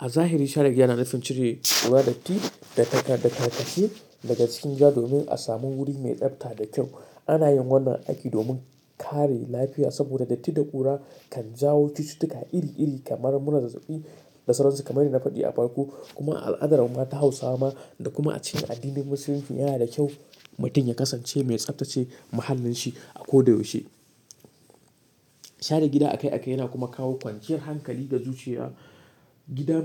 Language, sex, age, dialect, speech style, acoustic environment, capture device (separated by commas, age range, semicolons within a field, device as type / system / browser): Hausa, male, 18-24, Nigeria - Katsina, relaxed; excited; focused, silence, mobile / Linux / Chrome